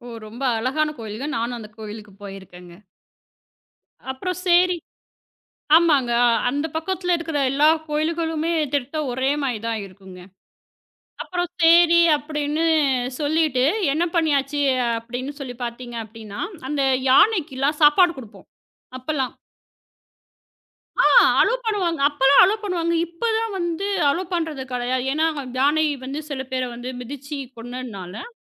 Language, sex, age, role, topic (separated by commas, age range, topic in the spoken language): Tamil, female, 35-39, guest, உங்கள் சொந்த ஊரின் அருகிலுள்ள ஒரு அழகான வெளிப்புற இடத்தைப் பற்றி பகிர முடியுமா?